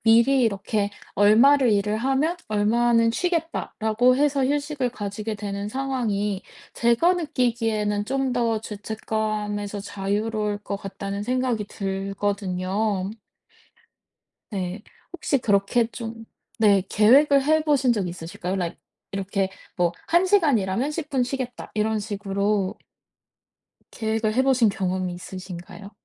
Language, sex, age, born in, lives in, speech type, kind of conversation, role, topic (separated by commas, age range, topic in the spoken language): Korean, female, 30-34, South Korea, United States, conversation, advice, advisor, 여가 시간에 죄책감과 산만함 없이 편하게 쉬려면 어떻게 해야 하나요?